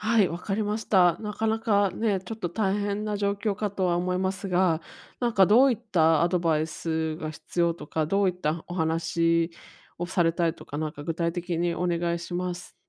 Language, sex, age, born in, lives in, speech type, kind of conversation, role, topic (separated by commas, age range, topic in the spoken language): Japanese, female, 35-39, Japan, United States, conversation, advice, advisor, 返済力を高めるために、予算はどのように管理すればよいですか？